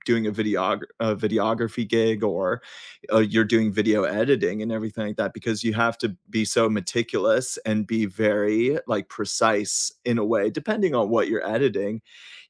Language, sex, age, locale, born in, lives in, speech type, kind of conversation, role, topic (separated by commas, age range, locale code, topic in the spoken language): English, male, 30-34, en-US, United States, United States, conversation, unstructured, none, Which study habits have genuinely worked for you, and what made them stick?